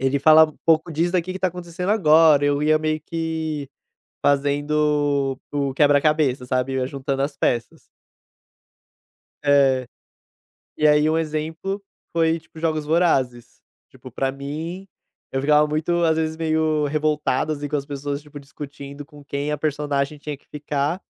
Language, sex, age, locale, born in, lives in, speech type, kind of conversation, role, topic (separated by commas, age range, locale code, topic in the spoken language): Portuguese, male, 25-29, pt-BR, Brazil, Portugal, conversation, podcast, guest, Como foi a sua experiência com um livro que marcou a sua vida?